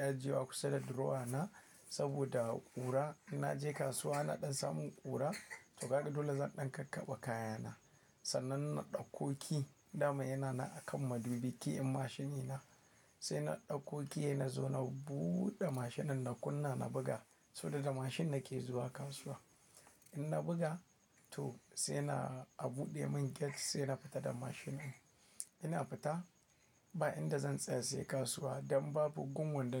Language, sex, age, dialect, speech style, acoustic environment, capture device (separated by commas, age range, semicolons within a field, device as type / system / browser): Hausa, male, 25-34, Nigeria - Kano, happy, silence, mobile / Linux / Chrome